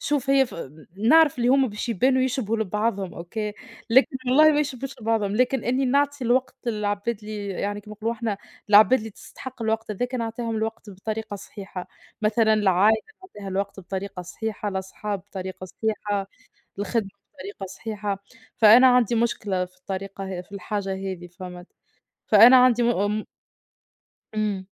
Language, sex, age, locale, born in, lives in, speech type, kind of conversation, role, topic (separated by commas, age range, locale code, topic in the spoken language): Arabic, female, 25-29, ar-TN, Tunisia, Portugal, conversation, unstructured, none, إزاي بتتعامل مع ضغوطاتك اليومية بشكل عام؟